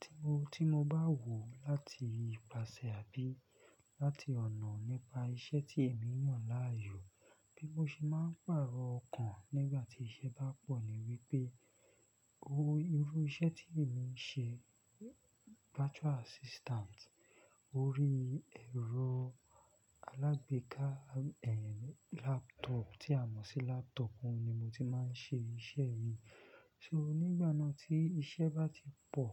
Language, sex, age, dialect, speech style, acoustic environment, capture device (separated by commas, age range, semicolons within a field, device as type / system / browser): Yoruba, male, 25-34, Nigeria - Lagos Yoruba, focused, silence, desktop / Linux / Chrome